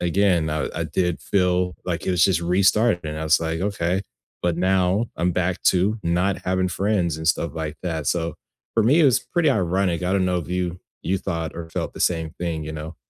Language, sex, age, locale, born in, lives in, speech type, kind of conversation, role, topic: English, male, 20-24, en-US, United States, United States, conversation, unstructured, none, Which friendship quietly changed you in small, lasting ways, and how do you notice it now?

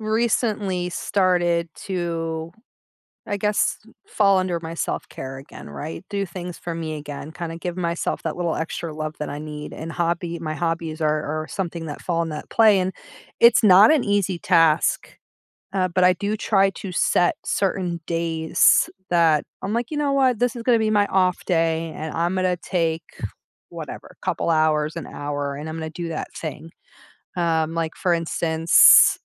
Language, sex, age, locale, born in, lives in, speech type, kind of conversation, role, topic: English, female, 40-44, en-US, United States, United States, conversation, podcast, guest, Why are hobbies important for our mental well-being?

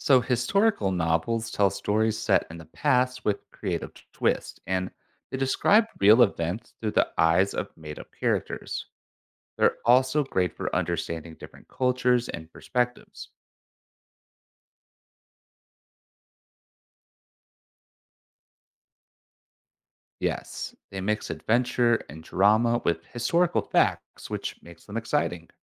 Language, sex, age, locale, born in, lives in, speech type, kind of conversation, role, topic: English, male, 25-29, en-US, United States, United States, read, expert-assistant, expert, What are some fun ways to explore history?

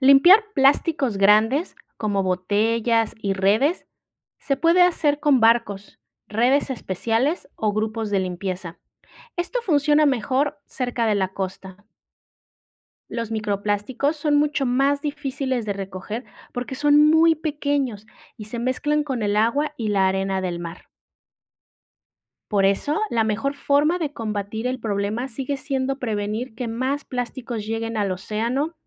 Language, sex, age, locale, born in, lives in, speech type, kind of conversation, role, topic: Spanish, female, 35-39, es-MX, Mexico, Mexico, read, expert-assistant, expert, ¿Qué impacto tienen los plásticos en la vida marina?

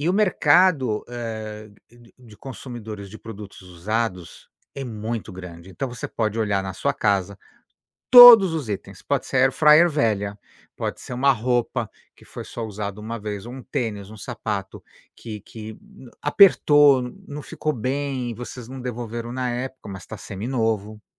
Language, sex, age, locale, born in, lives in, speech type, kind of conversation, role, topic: Portuguese, male, 55-59, pt-BR, Brazil, United States, conversation, advice, advisor, Como os gastos impulsivos prejudicam as suas finanças pessoais?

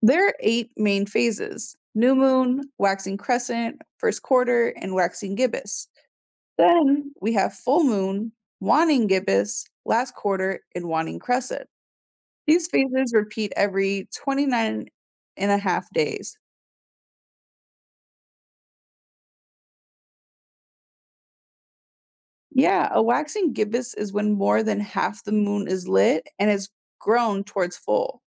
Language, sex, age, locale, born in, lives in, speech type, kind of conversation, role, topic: English, female, 20-24, en-US, United States, United States, read, expert-assistant, expert, What is the significance of the moon phases?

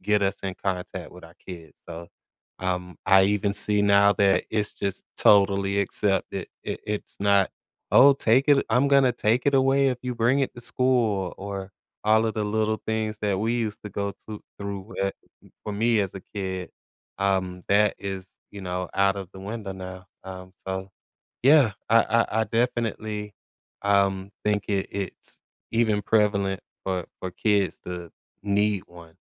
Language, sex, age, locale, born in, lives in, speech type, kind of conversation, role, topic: English, male, 40-44, en-US, United States, United States, conversation, podcast, guest, How has technology changed the way you live and work every day?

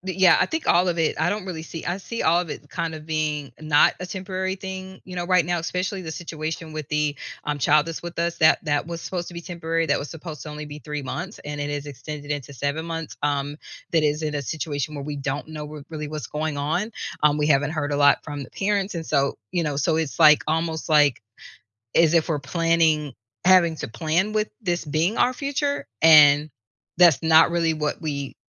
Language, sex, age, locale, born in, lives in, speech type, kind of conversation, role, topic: English, female, 45-49, en-US, United States, United States, conversation, advice, user, How can I manage constant financial stress and cover unexpected bills?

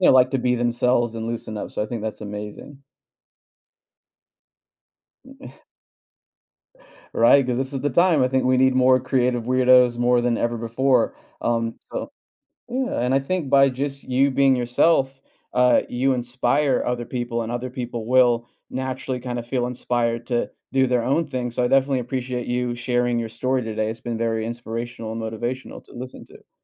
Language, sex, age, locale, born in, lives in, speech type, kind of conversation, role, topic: English, male, 30-34, en-US, United States, United States, conversation, podcast, host, How do you stay true to yourself while connecting with others?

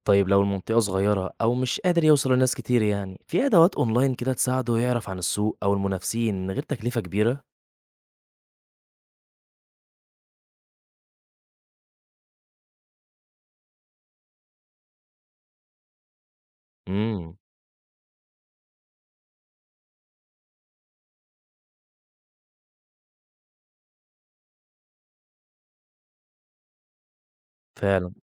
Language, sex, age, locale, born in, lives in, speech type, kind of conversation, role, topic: Arabic, male, 20-24, ar-EG, Egypt, Egypt, read, expert-assistant, asker, ينفع تشرحلي إزاي بيبدأ رائد الأعمال مشروع جديد في مصر؟ يعني الخطوات اللي بياخدها من أول الفكرة لحد التنفيذ؟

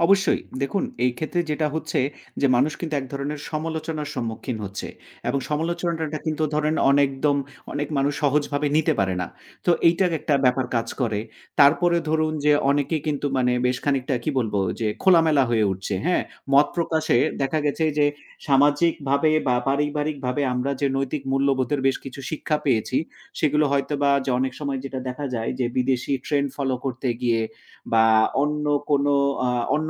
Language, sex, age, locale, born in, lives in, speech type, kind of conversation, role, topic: Bengali, male, 35-39, bn-BD, Bangladesh, Finland, conversation, podcast, guest, আপনি ট্রেন্ড অনুসরণ না করে নিজের মতো চলেন কেন?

